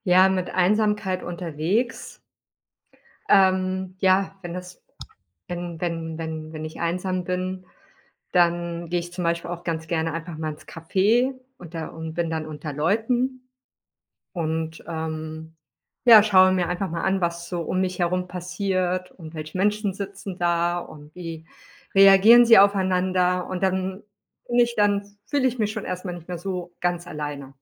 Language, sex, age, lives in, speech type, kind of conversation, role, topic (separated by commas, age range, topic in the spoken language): German, female, 40-44, Spain, conversation, podcast, guest, Wie gehst du unterwegs mit Einsamkeit um?